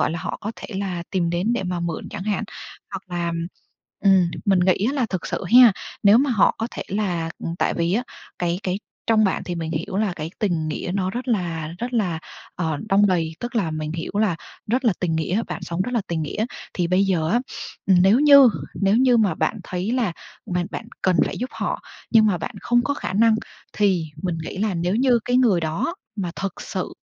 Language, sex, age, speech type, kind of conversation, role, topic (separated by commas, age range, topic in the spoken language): Vietnamese, female, 25-29, conversation, advice, advisor, Tôi nên làm gì khi người thân kỳ vọng tôi hỗ trợ tài chính dù tôi đang gặp khó khăn?